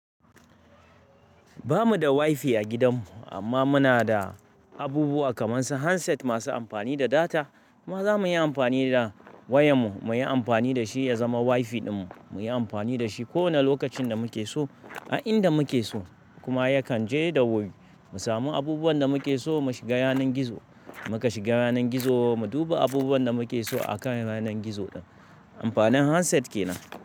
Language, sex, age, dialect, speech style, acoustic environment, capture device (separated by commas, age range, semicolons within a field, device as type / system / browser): Hausa, male, 35-44, Nigeria - Kano, relaxed, nature, mobile / Linux / Chrome